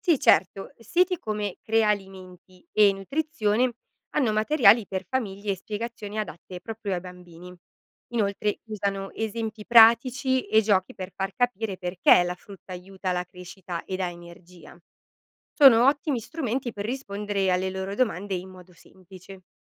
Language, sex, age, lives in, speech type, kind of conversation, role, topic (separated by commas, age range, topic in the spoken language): Italian, female, 30-34, Italy, read, expert-assistant, expert, Come posso coinvolgere i bambini in un'alimentazione sana?